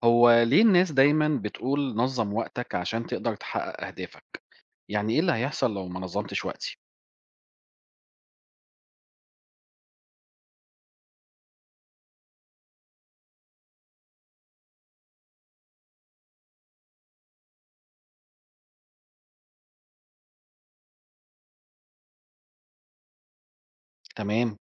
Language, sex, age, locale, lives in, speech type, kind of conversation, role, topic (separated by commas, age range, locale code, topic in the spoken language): Arabic, male, 40-44, ar-EG, Portugal, read, expert-assistant, asker, ما أهمية تنظيم الوقت لتحقيق الأهداف الشخصية؟